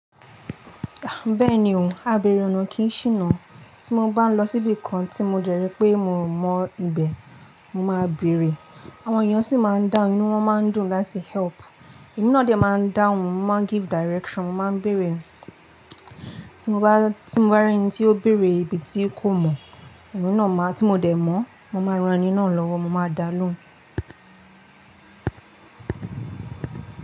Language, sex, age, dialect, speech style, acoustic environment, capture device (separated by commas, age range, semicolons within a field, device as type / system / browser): Yoruba, female, 18-24, Nigeria - Lagos Yoruba, relaxed, appliances, mobile / Linux / Chrome